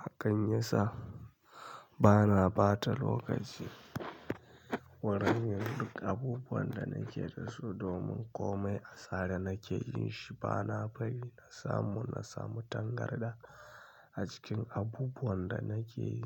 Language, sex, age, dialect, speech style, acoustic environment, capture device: Hausa, male, 25-34, Nigeria - Kano, happy; tired; anxious; relaxed; stressed; focused; excited; nervous, people talking; music; nature; silence; traffic; construction; appliances, mobile / Linux / Chrome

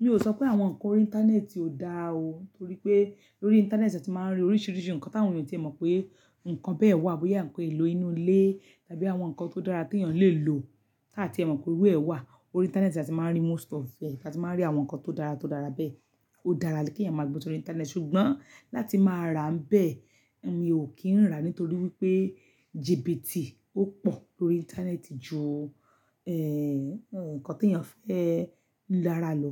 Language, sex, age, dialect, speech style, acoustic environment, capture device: Yoruba, female, 45-54, Nigeria - Lagos Yoruba, happy, silence, mobile / Linux / Chrome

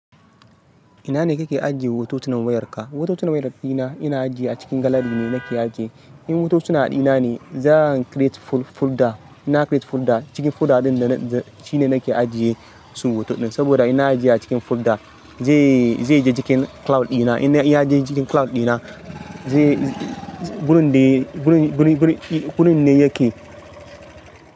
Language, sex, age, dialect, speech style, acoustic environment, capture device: Hausa, male, 25-34, Nigeria - Ibadan, excited, construction, mobile / Linux / Chrome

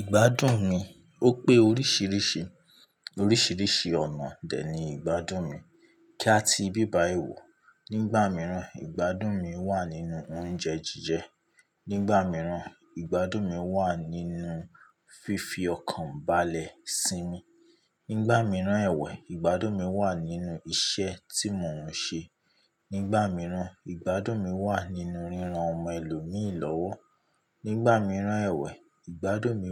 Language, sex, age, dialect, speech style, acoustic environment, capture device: Yoruba, male, 25-34, Nigeria - Ibadan, tired; relaxed; focused; excited, nature; appliances, mobile / Linux / Chrome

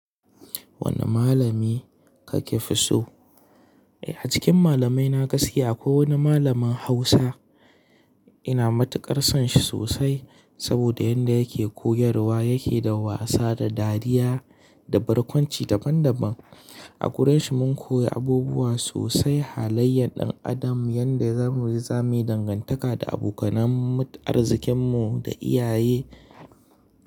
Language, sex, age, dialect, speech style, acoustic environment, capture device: Hausa, male, 25-34, Nigeria - Kano, excited; focused; happy, nature, mobile / Linux / Chrome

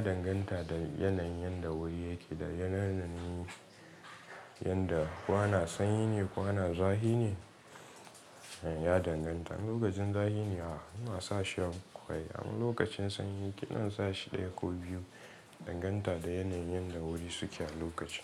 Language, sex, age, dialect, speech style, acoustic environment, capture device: Hausa, male, 18-24, Nigeria - Katsina, relaxed, nature, mobile / Linux / Chrome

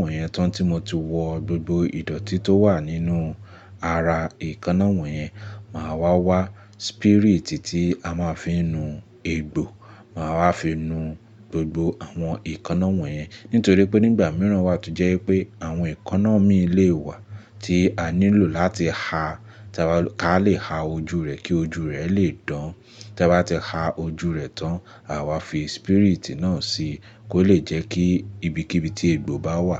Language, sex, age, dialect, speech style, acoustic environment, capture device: Yoruba, male, 25-34, Nigeria - Lagos Yoruba, focused; relaxed, appliances; nature, mobile / Linux / Chrome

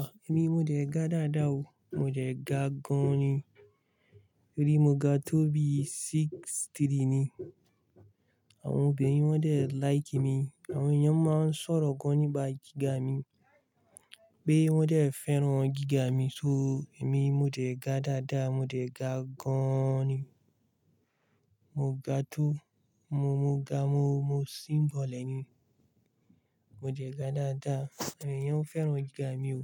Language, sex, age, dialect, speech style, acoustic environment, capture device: Yoruba, male, 25-34, Nigeria - Kano, relaxed, silence, mobile / Linux / Chrome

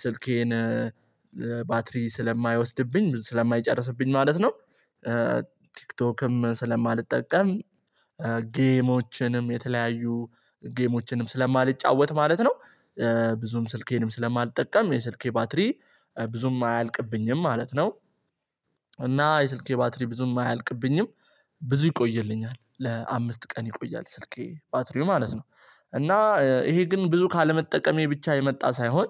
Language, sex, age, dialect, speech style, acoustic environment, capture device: Amharic, male, 18-24, Ethiopia - Addis Ababa, focused, silence, mobile / Linux / Chrome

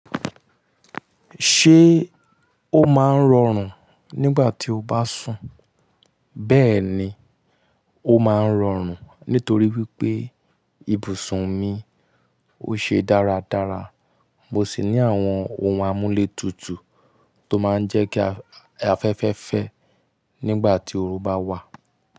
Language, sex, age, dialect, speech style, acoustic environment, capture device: Yoruba, male, 25-34, Nigeria - Nigerian Standard, happy; relaxed; excited; focused, nature; silence; people talking, mobile / Linux / Chrome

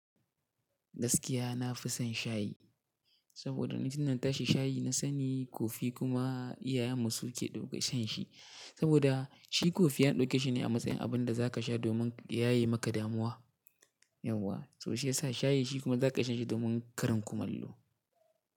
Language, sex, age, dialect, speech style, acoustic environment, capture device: Hausa, male, 18-24, Nigeria - Katsina, happy, silence, mobile / Linux / Chrome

